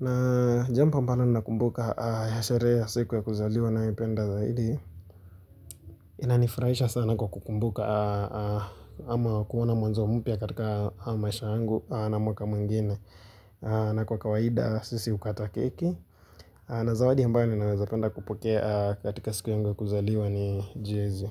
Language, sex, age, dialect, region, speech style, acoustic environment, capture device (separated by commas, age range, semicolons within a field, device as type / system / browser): Swahili, male, 18-24, Kenya - Nairobi Sheng-influenced, Kenya, relaxed, nature, mobile / Linux / Chrome